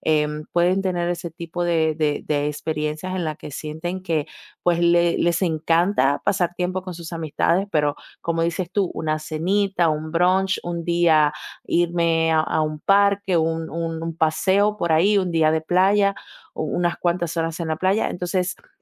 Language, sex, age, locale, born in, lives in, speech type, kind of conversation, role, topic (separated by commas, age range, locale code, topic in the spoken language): Spanish, female, 20-24, es-DO, Dominican Republic, Italy, conversation, advice, advisor, ¿Cómo puedo equilibrar socializar con amigos y tener tiempo a solas para recargarme?